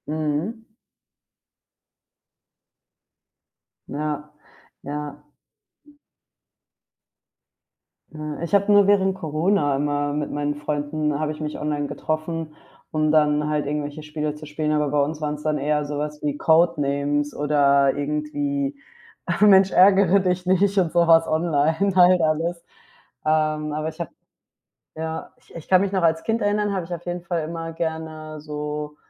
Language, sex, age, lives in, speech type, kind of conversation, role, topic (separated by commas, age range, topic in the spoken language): German, female, 25-29, Spain, conversation, unstructured, none, Kannst du eine Geschichte erzählen, die mit deinem Hobby zu tun hat?